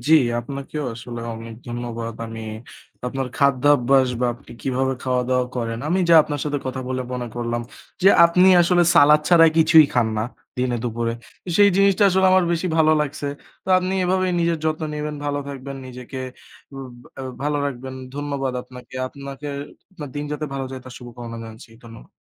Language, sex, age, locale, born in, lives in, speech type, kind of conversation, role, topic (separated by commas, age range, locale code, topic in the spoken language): Bengali, male, 20-24, bn-BD, Bangladesh, Bangladesh, conversation, unstructured, none, দৈনন্দিন খাবারে একঘেয়েমি কেন আপনার বিরক্তি বাড়ায়?